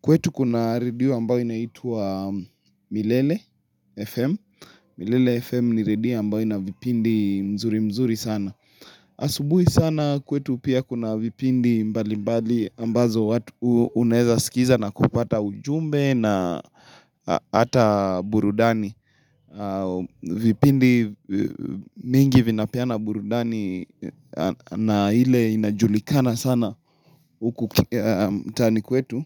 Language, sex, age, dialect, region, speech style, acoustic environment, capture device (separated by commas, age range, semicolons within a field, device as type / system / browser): Swahili, male, 25-34, Kenya - Nairobi Sheng-influenced, Kenya, happy; nervous; excited, silence, mobile / Linux / Chrome